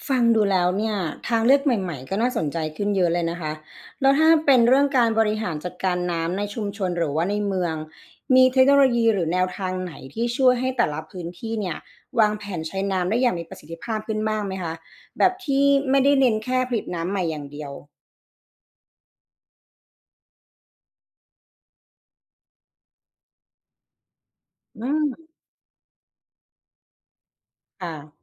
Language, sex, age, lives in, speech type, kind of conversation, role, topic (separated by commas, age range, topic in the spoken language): Thai, female, 35-39, Thailand, read, expert-assistant, asker, อะไรเป็นปัจจัยสำคัญที่ทำให้เกิดภาวะขาดแคลนน้ำในบางพื้นที่ของโลก ทั้งที่โลกมีน้ำอยู่มาก? (What causes water scarcity in some parts of the world, even though there is so much water overall?)